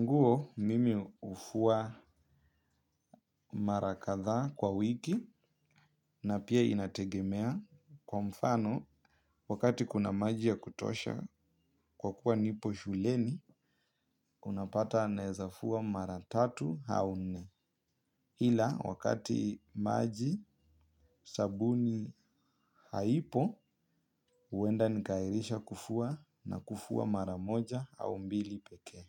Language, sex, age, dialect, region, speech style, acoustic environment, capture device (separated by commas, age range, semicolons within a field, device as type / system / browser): Swahili, male, 18-24, Kenya - Nairobi Sheng-influenced, Kenya, happy, silence, mobile / Linux / Chrome